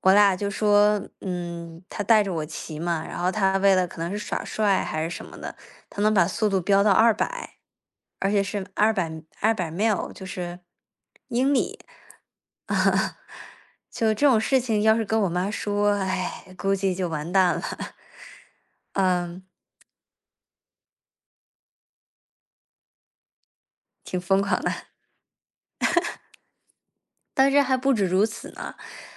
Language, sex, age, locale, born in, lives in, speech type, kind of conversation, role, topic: Chinese, female, 20-24, zh-CN, China, United States, conversation, unstructured, none, 你有没有因为恋爱做过什么疯狂的事？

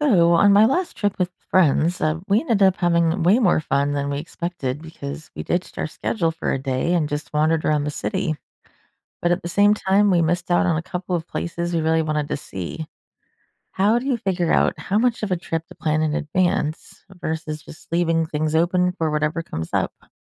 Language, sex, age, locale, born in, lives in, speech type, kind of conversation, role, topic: English, female, 40-44, en-US, United States, United States, read, expert-assistant, asker, How much should you plan a trip in advance versus leaving room for spontaneous adventures?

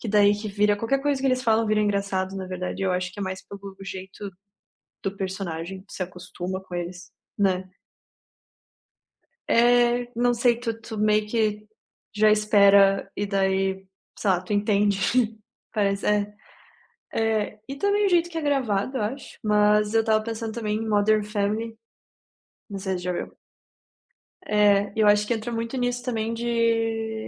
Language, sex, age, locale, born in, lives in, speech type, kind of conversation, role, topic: Portuguese, female, 25-29, pt-BR, Brazil, Italy, conversation, unstructured, none, Que história de filme faz você rir sempre que se lembra dela?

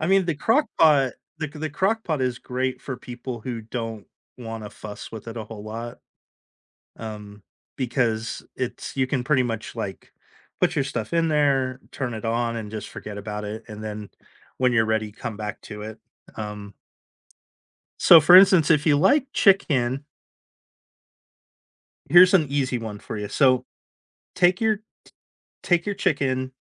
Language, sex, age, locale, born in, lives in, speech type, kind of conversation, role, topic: English, male, 50-54, en-US, United States, United States, conversation, unstructured, none, What is a common cooking mistake people often don’t realize they’re making?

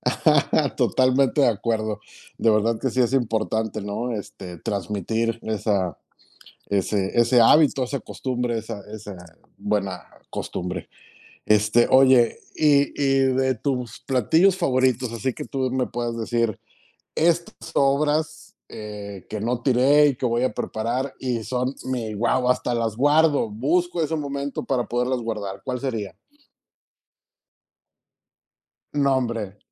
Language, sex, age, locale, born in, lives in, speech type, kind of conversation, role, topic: Spanish, male, 45-49, es-MX, Mexico, Mexico, conversation, podcast, host, ¿Cómo manejas las sobras para no desperdiciar comida?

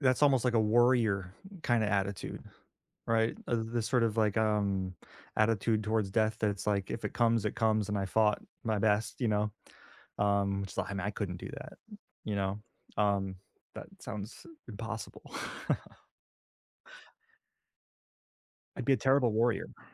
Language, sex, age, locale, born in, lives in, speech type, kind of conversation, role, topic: English, male, 30-34, en-US, United States, United States, conversation, unstructured, none, What role does talking about loss play in healing?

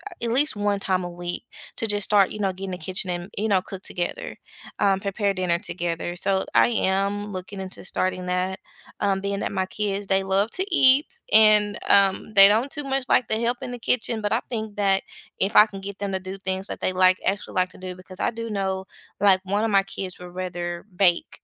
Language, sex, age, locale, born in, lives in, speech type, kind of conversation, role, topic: English, female, 35-39, en-US, United States, United States, conversation, unstructured, none, What new tradition would you love to start with friends or family to deepen your connection?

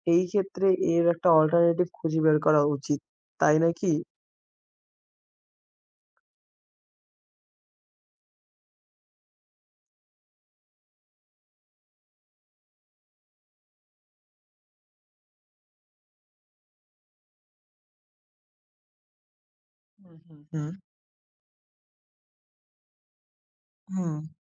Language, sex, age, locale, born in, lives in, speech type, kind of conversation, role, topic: Bengali, male, 20-24, bn-BD, Bangladesh, Bangladesh, conversation, unstructured, none, কৃত্রিম বুদ্ধিমত্তা কি মানুষকে চাকরি থেকে ছাঁটাই করে দিতে পারে?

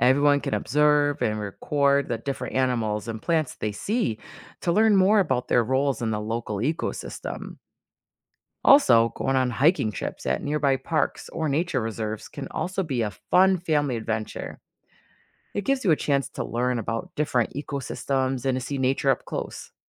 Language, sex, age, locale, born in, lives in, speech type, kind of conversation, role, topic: English, female, 40-44, en-US, United States, United States, read, expert-assistant, expert, How do ecosystems function and why are they important?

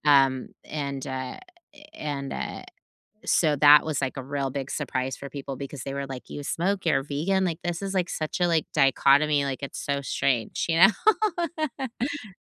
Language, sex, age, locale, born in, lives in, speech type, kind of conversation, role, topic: English, female, 45-49, en-US, United States, United States, conversation, unstructured, none, What’s a habit you have that surprises people when they learn about it?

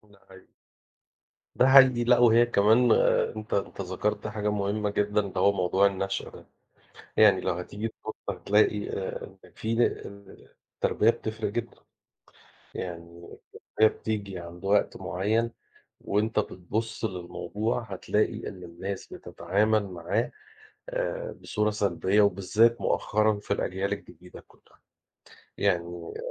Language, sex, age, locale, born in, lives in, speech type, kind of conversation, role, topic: Arabic, male, 40-44, ar-EG, Egypt, Portugal, conversation, unstructured, none, إيه اللي بيخليك تفقد أعصابك وإنت بتتكلم مع حد مختلف معاك في الرأي؟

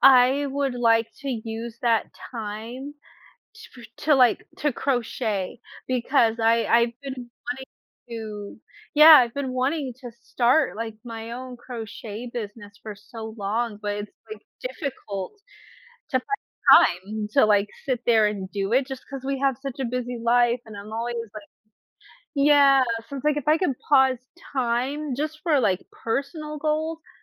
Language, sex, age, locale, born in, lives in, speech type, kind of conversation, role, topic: English, female, 30-34, en-US, United States, United States, conversation, unstructured, none, How might having extra time to yourself change your daily life and priorities?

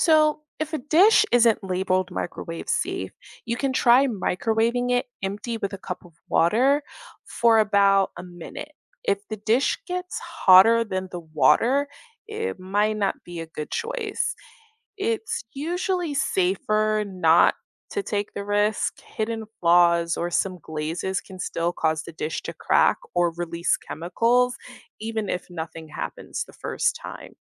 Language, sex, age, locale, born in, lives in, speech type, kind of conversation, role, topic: English, female, 25-29, en-US, United States, United States, read, expert-assistant, expert, How does a microwave oven work and what affects how it heats food?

